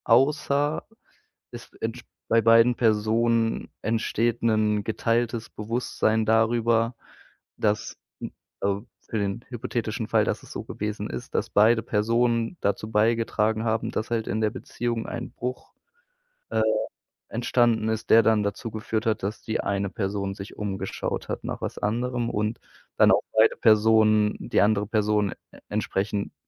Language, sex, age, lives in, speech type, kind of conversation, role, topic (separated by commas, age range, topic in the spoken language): German, male, 25-29, Germany, conversation, podcast, guest, Wie erkennst du, ob Vertrauen echt oder gespielt ist?